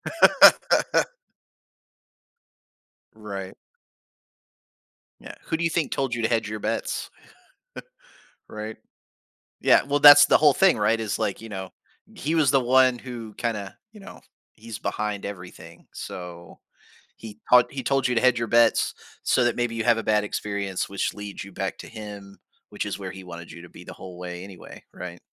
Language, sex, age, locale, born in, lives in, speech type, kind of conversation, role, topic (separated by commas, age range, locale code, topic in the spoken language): English, male, 40-44, en-US, United States, United States, conversation, podcast, host, How can building positive habits change your everyday life?